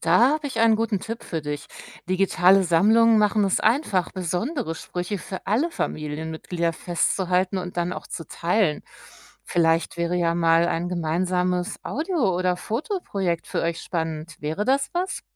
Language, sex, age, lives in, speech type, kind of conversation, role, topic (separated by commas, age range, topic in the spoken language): German, female, 45-49, Germany, read, expert-assistant, expert, Wie gelingt es Gemeinschaften, ihre Sprache lebendig zu halten, auch wenn immer weniger Menschen sie sprechen?